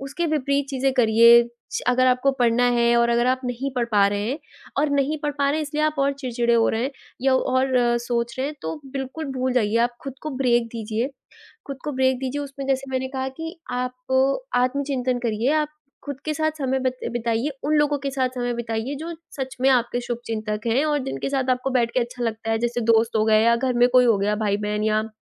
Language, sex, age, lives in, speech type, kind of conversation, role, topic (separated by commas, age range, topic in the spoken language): Hindi, female, 20-24, India, conversation, podcast, guest, कैसे तय करते हो कब बदलना है और कब स्वीकार करना है?